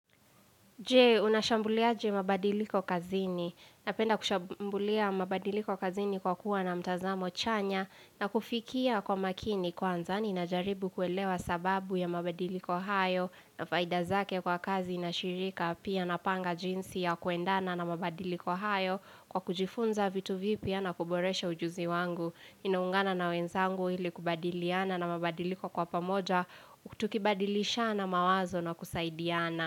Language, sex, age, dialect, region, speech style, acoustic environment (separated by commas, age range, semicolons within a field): Swahili, female, 18-24, Kenya - Nairobi Sheng-influenced, Kenya, relaxed, nature